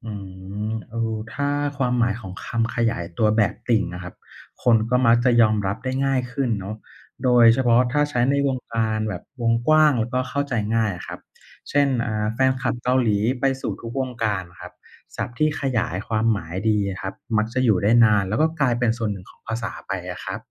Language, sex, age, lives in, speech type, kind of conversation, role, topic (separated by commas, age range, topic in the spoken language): Thai, male, 30-34, Thailand, read, expert-assistant, expert, ถ้าอยากรู้เรื่องที่มาของคำศัพท์ใหม่ ๆ ในภาษาไทย มีที่ไหนหรือใครแนะนำให้ติดตามบ้าง?